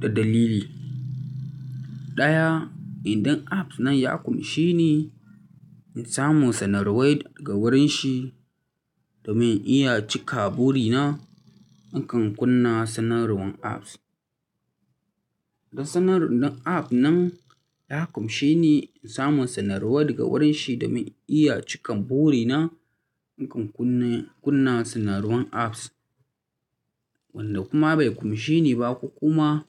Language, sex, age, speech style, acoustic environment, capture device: Hausa, male, 25-34, relaxed, people talking; traffic; silence, mobile / Linux / Chrome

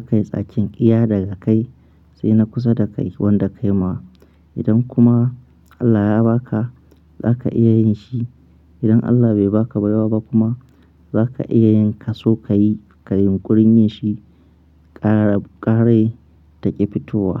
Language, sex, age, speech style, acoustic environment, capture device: Hausa, male, 25-34, happy, nature, mobile / Linux / Chrome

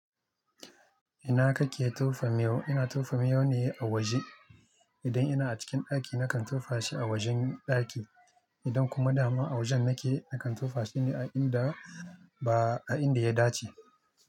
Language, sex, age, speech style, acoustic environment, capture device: Hausa, male, 25-34, focused, people talking; nature; traffic, mobile / Linux / Chrome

